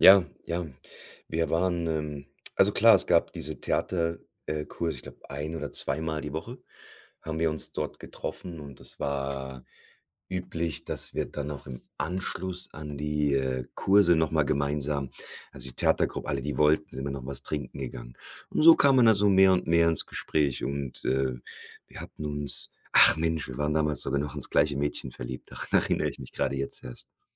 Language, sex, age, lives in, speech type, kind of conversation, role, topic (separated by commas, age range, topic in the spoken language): German, male, 40-44, Germany, conversation, podcast, guest, Wie hast du auf Reisen ganz unerwartet jemanden kennengelernt, mit dem sich eine Freundschaft entwickelt hat?